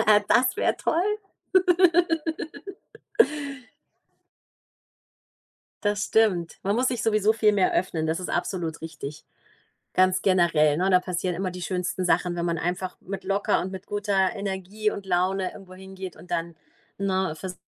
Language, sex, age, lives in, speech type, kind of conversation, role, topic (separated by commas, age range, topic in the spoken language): German, female, 40-44, Germany, conversation, advice, user, Wodurch fühlst du dich im Fitnessstudio unsicher oder schämst dich, und in welchen Situationen ist dieses Gefühl am stärksten?